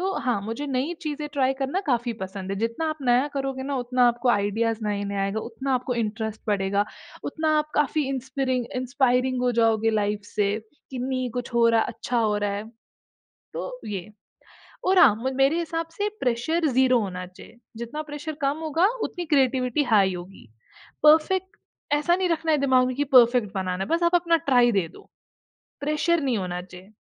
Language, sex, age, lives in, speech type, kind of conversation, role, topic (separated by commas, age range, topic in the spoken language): Hindi, female, 20-24, India, conversation, podcast, guest, Apni creative routine ko simple tareeke se batao — roz tum kya karte ho?